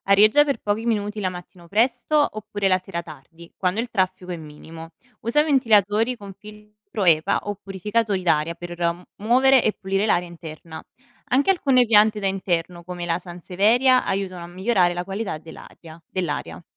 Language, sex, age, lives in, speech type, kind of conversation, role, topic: Italian, female, 25-29, Italy, read, expert-assistant, expert, Quali idee pratiche possiamo mettere in atto nella vita quotidiana per affrontare le estati sempre più calde?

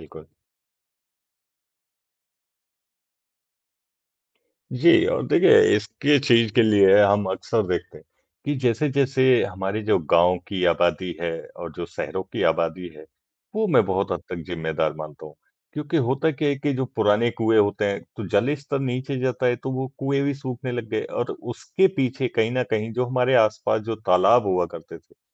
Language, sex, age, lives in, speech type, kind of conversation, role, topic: Hindi, male, 55-59, India, conversation, unstructured, none, जल स्रोतों के सूखने से आपके गाँव या शहर पर क्या असर पड़ता है?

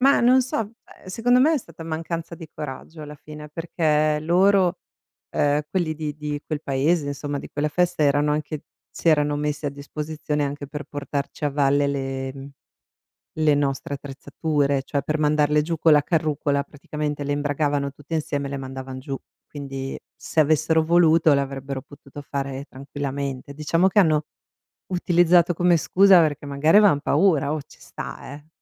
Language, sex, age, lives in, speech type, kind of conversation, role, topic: Italian, female, 45-49, United States, conversation, podcast, guest, Qual è stata la tua esperienza all’aperto più adrenalinica?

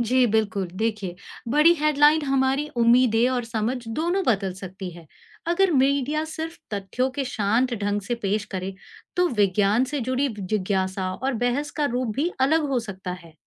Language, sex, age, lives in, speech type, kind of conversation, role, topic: Hindi, female, 40-44, India, read, expert-assistant, expert, अगर हमें पता करना हो कि अंतरिक्ष में जीवन की खोज पर सबसे भरोसेमंद जानकारी कहाँ मिल सकती है, तो किन स्रोतों पर ध्यान देना चाहिए?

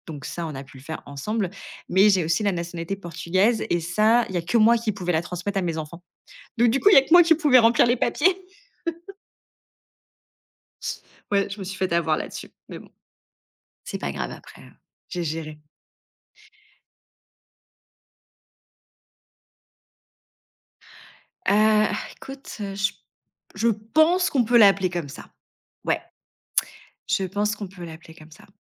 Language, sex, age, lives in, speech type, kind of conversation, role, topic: French, female, 30-34, France, conversation, podcast, guest, Comment gères-tu le tri des papiers et des factures à la maison ?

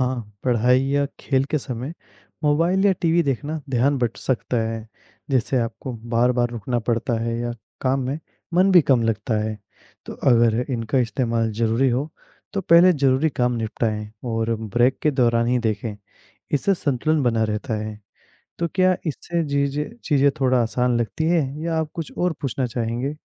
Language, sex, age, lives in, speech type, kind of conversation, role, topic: Hindi, male, 20-24, India, read, expert-assistant, expert, पढ़ाई और खेल में संतुलन बनाए रखने के लिए छात्रों को क्या करना चाहिए?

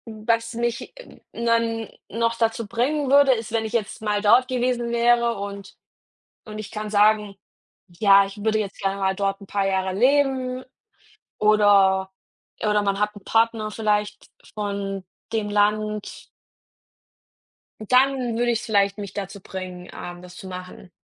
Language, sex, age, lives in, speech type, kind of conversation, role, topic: German, female, 30-34, Germany, conversation, unstructured, none, Welche Schulfächer haben dir am meisten Spaß gemacht?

